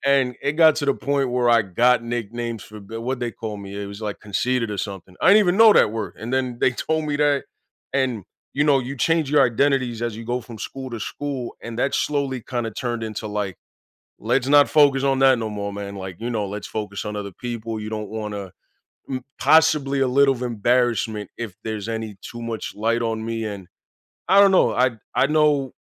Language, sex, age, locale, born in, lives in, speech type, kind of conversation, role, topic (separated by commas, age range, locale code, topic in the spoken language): English, male, 30-34, en-US, United States, United States, conversation, advice, user, How can I respond confidently to an unexpected compliment?